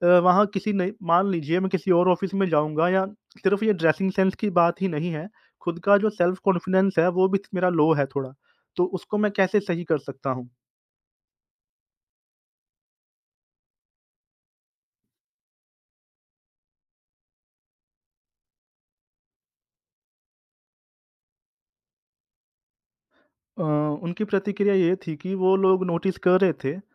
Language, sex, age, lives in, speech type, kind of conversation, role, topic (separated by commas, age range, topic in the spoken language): Hindi, male, 30-34, India, conversation, advice, user, पहली मुलाकात में खुद को छोटा महसूस करना